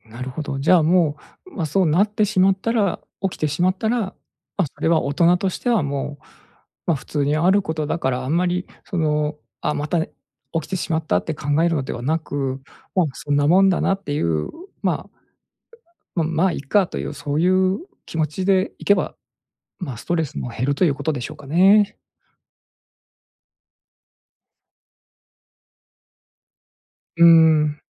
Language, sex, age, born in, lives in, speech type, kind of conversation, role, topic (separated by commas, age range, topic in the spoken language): Japanese, male, 45-49, Japan, Japan, conversation, advice, user, 夜眠れず不安が続き日中も疲れていることについて相談したいのですが、どうしたらよいですか？